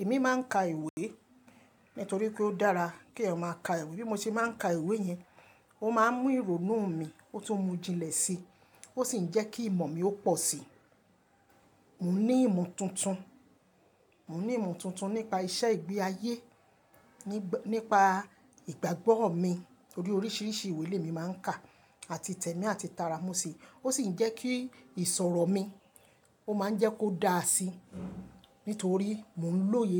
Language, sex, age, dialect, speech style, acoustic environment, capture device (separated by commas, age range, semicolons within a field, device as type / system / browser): Yoruba, female, 25-34, Nigeria - Lagos Yoruba, excited; happy, nature; appliances, mobile / Linux / Chrome